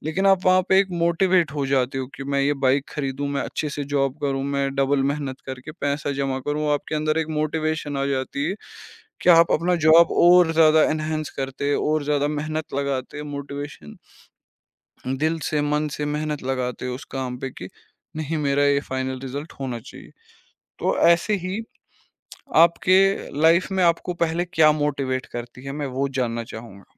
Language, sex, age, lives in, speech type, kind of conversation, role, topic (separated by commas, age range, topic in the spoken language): Hindi, male, 25-29, India, conversation, podcast, host, Kab tumhari motivation kam ho jaati hai, aur us waqt tum kya karte ho?